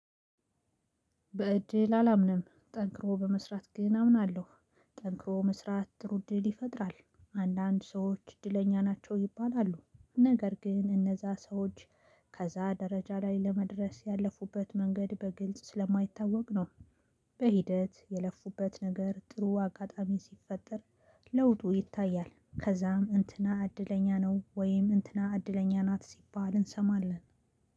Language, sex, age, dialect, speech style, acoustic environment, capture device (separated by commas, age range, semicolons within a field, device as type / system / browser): Amharic, female, 35-44, Ethiopia - Gondar, relaxed, silence, mobile / Linux / Chrome